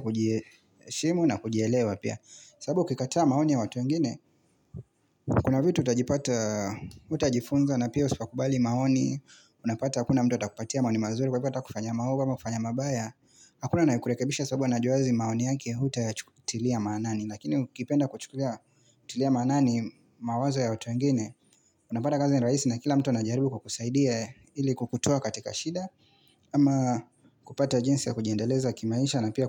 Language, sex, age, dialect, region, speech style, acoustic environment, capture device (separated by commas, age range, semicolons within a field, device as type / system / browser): Swahili, male, 25-34, Kenya - Mombasa, Kenya, relaxed, silence, mobile / Linux / Chrome